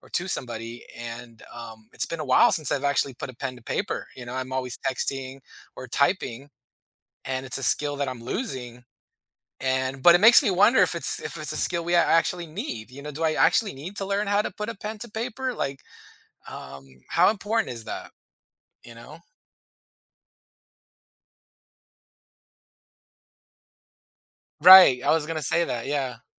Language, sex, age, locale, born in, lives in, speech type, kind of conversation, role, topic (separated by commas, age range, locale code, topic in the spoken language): English, male, 40-44, en-US, United States, United States, conversation, podcast, host, How is technology changing the way we learn and teach in schools?